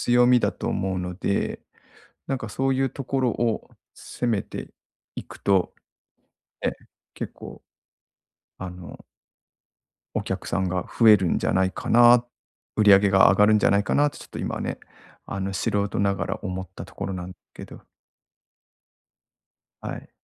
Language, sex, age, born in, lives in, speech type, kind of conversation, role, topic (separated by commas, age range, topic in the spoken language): Japanese, male, 40-44, Japan, Japan, conversation, advice, advisor, 競争に勝つために、どのように差別化すればよいですか？